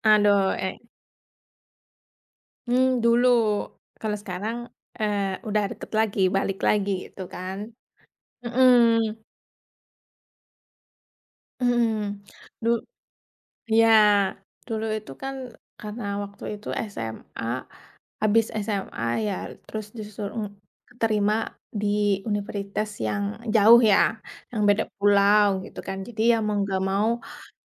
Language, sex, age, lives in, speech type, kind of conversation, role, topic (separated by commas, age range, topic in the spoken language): Indonesian, female, 30-34, Indonesia, conversation, unstructured, none, Bagaimana perasaanmu saat harus berpisah jauh dari keluarga?